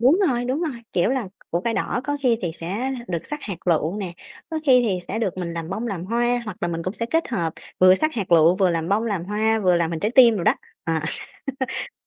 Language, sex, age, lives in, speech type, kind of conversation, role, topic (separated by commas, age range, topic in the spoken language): Vietnamese, female, 30-34, Vietnam, conversation, podcast, guest, Bạn có món ăn nào giúp an ủi người đang buồn không?